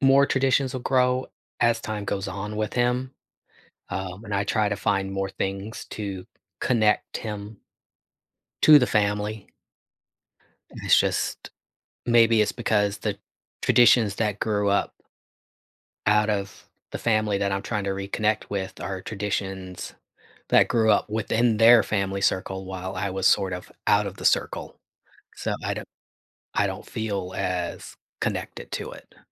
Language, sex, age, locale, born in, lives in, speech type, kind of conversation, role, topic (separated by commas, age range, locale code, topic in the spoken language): English, male, 45-49, en-US, United States, United States, conversation, advice, user, How can I cope with loneliness during the holidays?